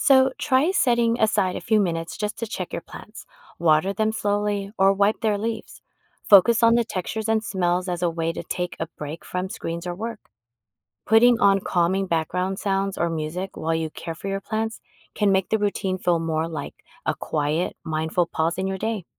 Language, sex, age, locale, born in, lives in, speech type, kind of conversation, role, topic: English, female, 50-54, en-US, United States, United States, read, expert-assistant, expert, How does being in nature affect mood?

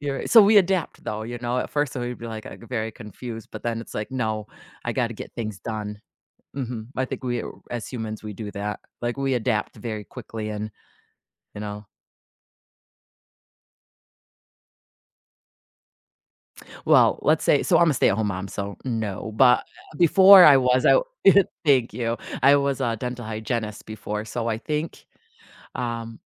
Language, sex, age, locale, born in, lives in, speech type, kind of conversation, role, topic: English, female, 40-44, en-US, United States, United States, conversation, unstructured, none, Would you rather always have to speak in rhymes or only be able to communicate through charades?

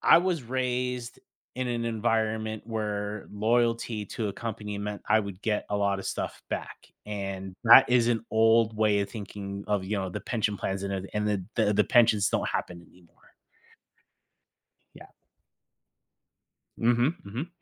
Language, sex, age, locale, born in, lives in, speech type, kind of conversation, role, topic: English, male, 35-39, en-US, United States, United States, conversation, podcast, guest, What important lessons do people often learn too late in their careers?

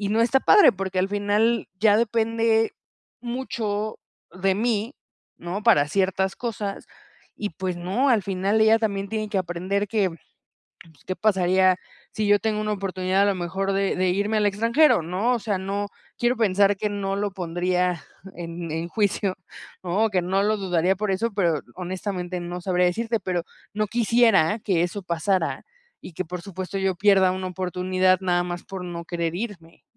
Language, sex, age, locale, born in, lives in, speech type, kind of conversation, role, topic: Spanish, female, 30-34, es-MX, Mexico, Mexico, conversation, advice, user, ¿Cómo puedo aclarar los límites y expresar expectativas en mi familia para evitar peleas repetidas?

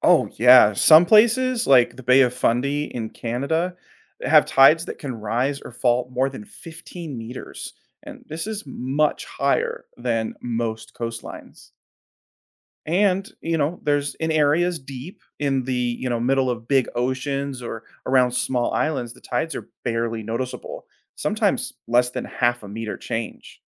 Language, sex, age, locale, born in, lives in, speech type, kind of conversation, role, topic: English, male, 30-34, en-US, United States, United States, read, expert-assistant, expert, How do tides work and what affects them?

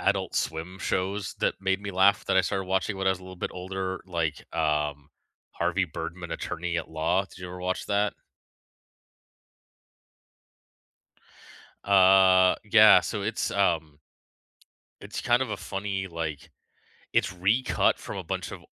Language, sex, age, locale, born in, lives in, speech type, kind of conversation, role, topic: English, male, 40-44, en-CA, Canada, Canada, conversation, unstructured, none, Which childhood cartoons or characters still make you smile, and what memories do they bring back?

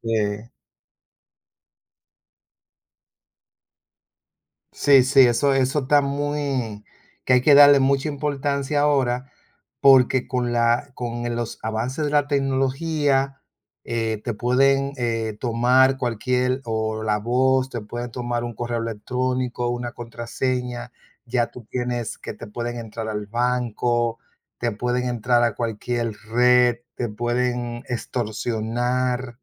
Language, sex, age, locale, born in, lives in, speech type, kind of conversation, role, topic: Spanish, male, 55-59, es-DO, Dominican Republic, United States, conversation, unstructured, none, ¿Cómo te sientes cuando alguien invade tu privacidad?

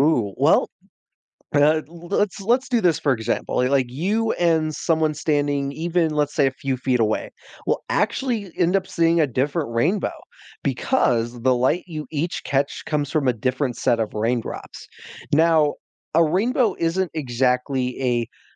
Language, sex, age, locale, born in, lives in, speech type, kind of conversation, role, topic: English, male, 20-24, en-US, United States, United States, read, expert-assistant, expert, What makes a rainbow form after it rains?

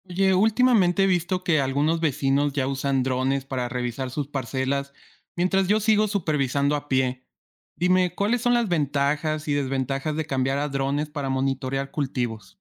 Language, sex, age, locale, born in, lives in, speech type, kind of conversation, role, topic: Spanish, male, 25-29, es-MX, Mexico, Mexico, read, expert-assistant, asker, ¿Cuáles son las ventajas y desventajas de usar drones para monitorear cultivos en comparación con métodos tradicionales?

